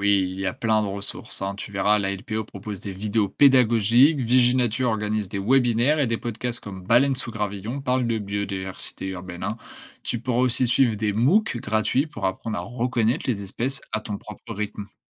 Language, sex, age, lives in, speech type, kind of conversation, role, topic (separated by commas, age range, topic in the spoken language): French, male, 20-24, France, read, expert-assistant, expert, Quels moyens concrets existent pour apprendre à protéger la faune locale, même quand on vit en ville ?